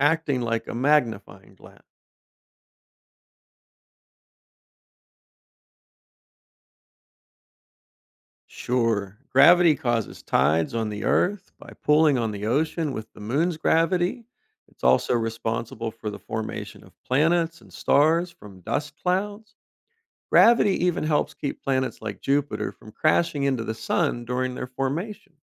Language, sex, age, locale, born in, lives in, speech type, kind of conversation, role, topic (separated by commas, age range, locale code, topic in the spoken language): English, male, 50-54, en-US, United States, United States, read, expert-assistant, expert, How does gravity affect objects in space?